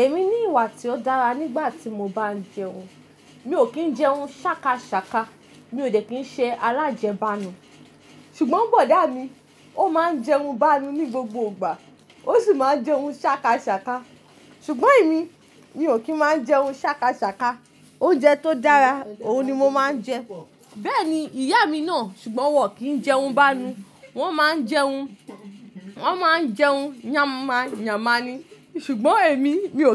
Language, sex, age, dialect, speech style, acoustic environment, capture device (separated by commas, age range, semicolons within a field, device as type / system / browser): Yoruba, female, 25-34, Nigeria - Ibadan, relaxed; focused, silence; nature; appliances, mobile / Linux / Chrome